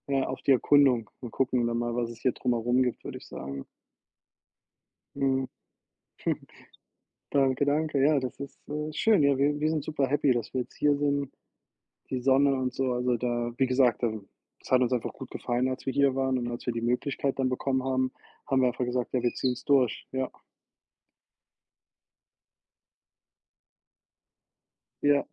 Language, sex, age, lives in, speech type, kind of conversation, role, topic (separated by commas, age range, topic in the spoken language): German, male, 30-34, United States, conversation, unstructured, none, Welches Land würdest du immer wieder besuchen wollen?